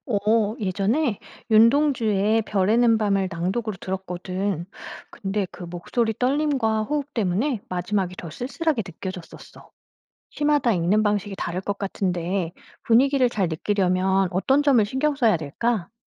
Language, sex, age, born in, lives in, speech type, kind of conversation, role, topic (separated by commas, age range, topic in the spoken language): Korean, female, 45-49, South Korea, France, read, expert-assistant, asker, 시를 어렵게 느끼는 사람도 재미있게 읽을 수 있는 비결이 있을까요?